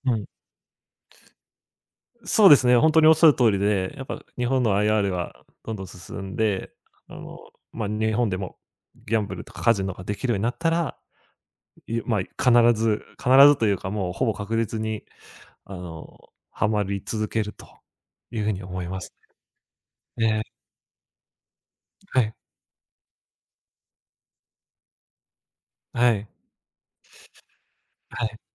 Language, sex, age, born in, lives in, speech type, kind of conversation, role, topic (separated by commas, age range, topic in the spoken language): Japanese, male, 25-29, Japan, Japan, conversation, podcast, guest, 最近ハマっている趣味は何ですか？